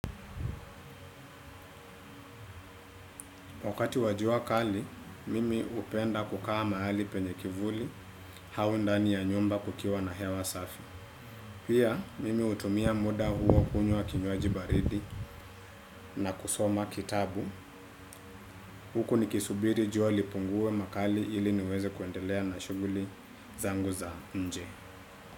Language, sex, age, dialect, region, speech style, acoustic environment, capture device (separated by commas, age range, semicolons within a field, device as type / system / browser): Swahili, male, 25-34, Kenya - Nairobi Sheng-influenced, Kenya, relaxed, silence; appliances; music, desktop / Windows / Chrome